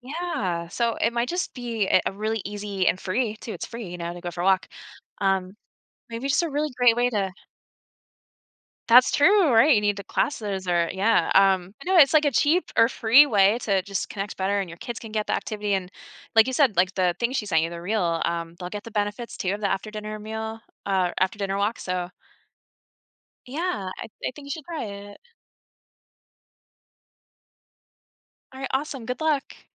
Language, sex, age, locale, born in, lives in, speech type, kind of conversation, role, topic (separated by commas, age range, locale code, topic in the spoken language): English, female, 40-44, en-US, United States, United States, conversation, advice, advisor, How can you better manage your time between your personal life and your professional responsibilities?